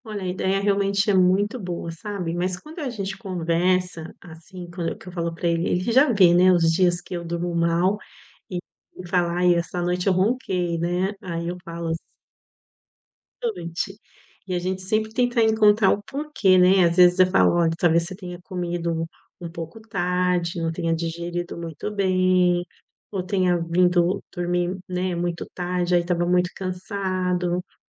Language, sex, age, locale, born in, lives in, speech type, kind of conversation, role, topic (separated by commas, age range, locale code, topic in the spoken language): Portuguese, female, 45-49, pt-BR, Brazil, Italy, conversation, advice, user, Como lidar com dificuldades para dormir por causa do barulho do meu parceiro?